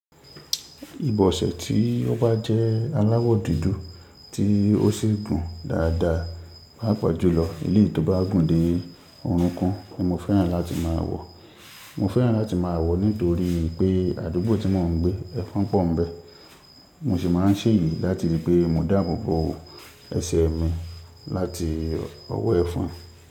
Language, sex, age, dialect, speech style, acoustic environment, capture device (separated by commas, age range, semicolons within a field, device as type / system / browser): Yoruba, male, 25-34, Nigeria - Ibadan, happy, nature, mobile / Linux / Chrome